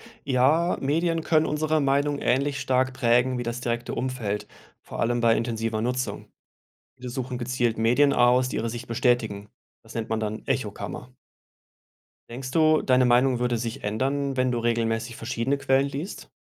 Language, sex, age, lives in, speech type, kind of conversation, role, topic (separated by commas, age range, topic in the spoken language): German, male, 35-39, Germany, read, expert-assistant, expert, Wie entstehen und verändern sich politische Meinungen durch Persönlichkeit, Umfeld und Medien?